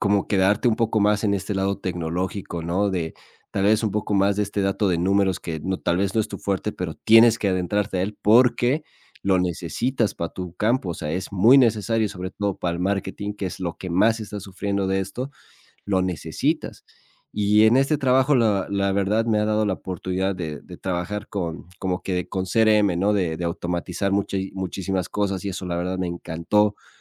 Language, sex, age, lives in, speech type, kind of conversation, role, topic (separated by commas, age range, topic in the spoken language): Spanish, male, 25-29, Spain, conversation, advice, user, ¿Cómo viviste la pérdida de tu empleo y por qué sientes la necesidad de redefinir tu rutina y tu propósito?